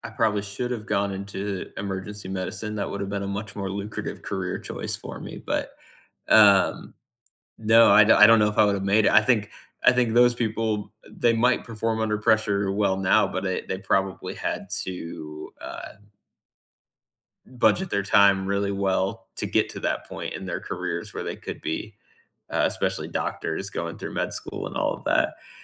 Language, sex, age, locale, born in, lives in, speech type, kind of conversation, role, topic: English, male, 35-39, en-US, United States, United States, conversation, unstructured, none, What kind of music, playlists, and study spaces help you stay focused?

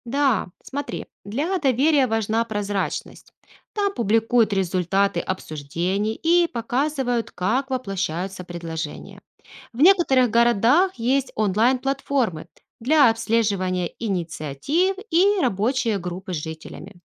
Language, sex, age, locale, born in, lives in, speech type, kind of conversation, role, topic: Russian, female, 35-39, ru-RU, Ukraine, Spain, read, expert-assistant, expert, Какие современные решения помогут превратить скучные районы города в уютные и привлекательные места?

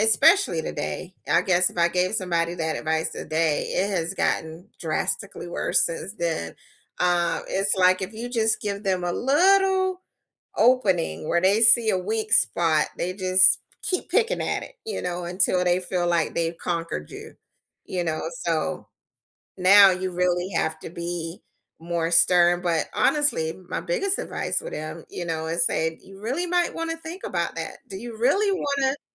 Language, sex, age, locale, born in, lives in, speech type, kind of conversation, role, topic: English, female, 50-54, en-US, United States, United States, conversation, unstructured, none, What was the biggest surprise you encountered in your first job?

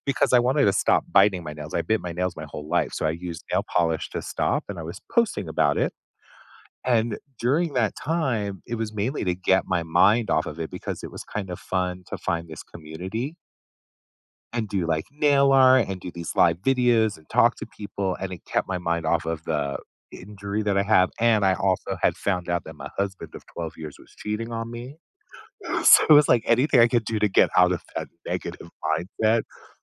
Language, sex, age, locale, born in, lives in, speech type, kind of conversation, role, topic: English, male, 50-54, en-US, United States, United States, conversation, advice, user, How can I find more meaning and purpose in my everyday life?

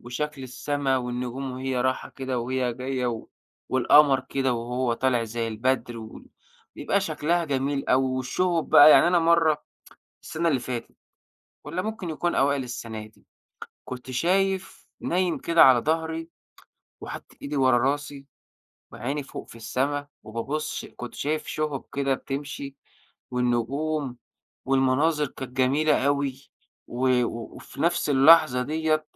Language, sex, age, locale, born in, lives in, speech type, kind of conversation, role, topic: Arabic, male, 25-29, ar-EG, Egypt, Egypt, conversation, podcast, guest, إزاي تجهّز ركن للصلاة أو للتأمل جوه البيت؟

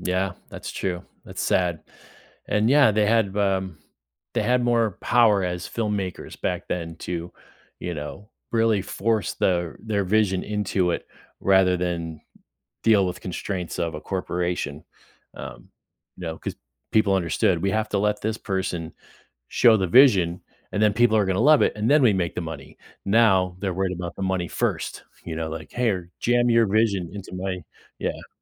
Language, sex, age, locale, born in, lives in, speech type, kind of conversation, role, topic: English, male, 50-54, en-US, United States, United States, conversation, podcast, host, What elements of a film leave a lasting impression on you?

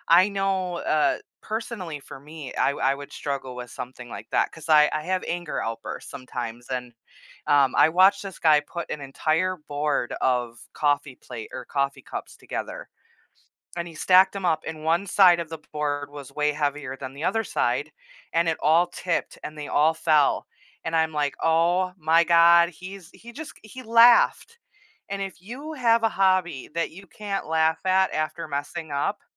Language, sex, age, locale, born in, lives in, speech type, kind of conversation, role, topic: English, female, 30-34, en-US, United States, United States, conversation, podcast, guest, How can starting a new hobby enrich your life and help you grow?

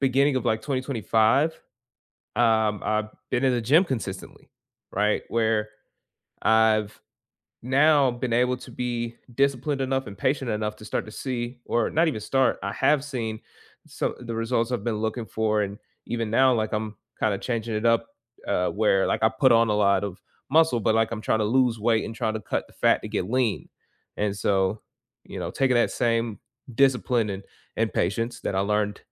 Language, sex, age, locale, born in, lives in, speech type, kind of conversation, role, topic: English, male, 25-29, en-US, United States, United States, conversation, unstructured, none, What is the most valuable real-life skill you learned outside of school, and how did you learn it?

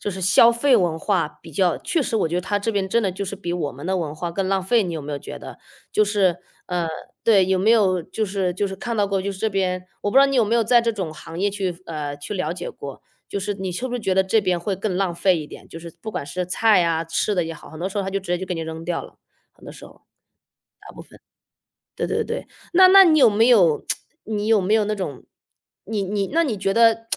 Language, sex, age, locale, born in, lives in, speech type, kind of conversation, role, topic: Chinese, female, 35-39, zh-CN, China, United States, conversation, podcast, host, 你有哪些减少食物浪费的实际做法或想法？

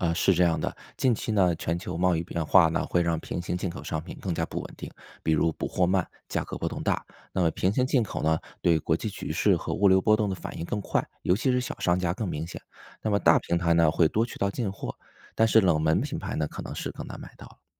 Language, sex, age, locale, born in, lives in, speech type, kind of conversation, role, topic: Chinese, male, 35-39, zh-CN, China, United States, read, expert-assistant, expert, 最近新闻说世界贸易变少了，可我身边的进口商品却越来越多，这两种说法到底哪个更贴近现实？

